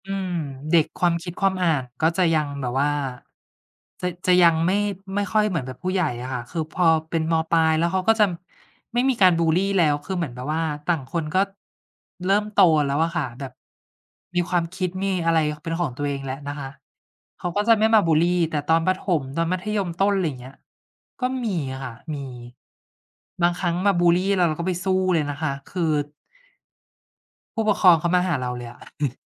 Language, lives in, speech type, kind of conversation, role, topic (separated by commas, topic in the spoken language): Thai, Thailand, conversation, unstructured, none, ความโกรธส่งผลต่อการแก้ปัญหาอย่างไร?